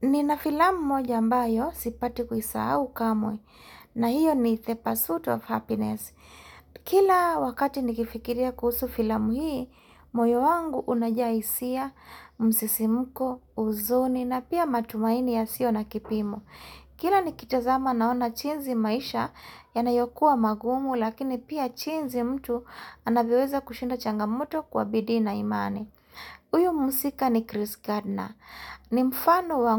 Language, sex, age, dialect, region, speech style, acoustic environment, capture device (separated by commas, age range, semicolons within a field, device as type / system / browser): Swahili, female, 35-44, Kenya - Mombasa, Kenya, relaxed, silence, mobile / Linux / Chrome